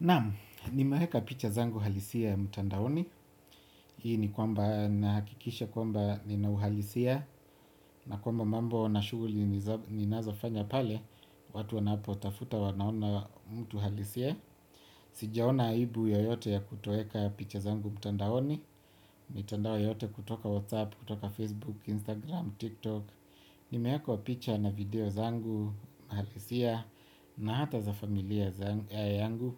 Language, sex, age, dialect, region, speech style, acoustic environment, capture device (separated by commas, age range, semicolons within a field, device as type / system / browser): Swahili, male, 25-34, Kenya - Nairobi Sheng-influenced, Kenya, happy; relaxed; focused; excited, silence, desktop / Windows / Chrome